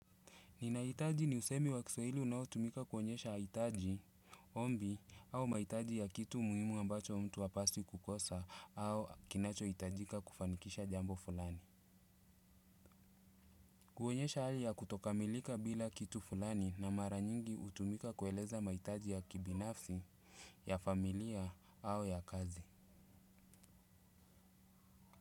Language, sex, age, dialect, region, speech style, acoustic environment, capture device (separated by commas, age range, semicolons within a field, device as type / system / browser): Swahili, male, 35-44, Kenya - Mombasa, Kenya, relaxed, nature, desktop / Windows / Chrome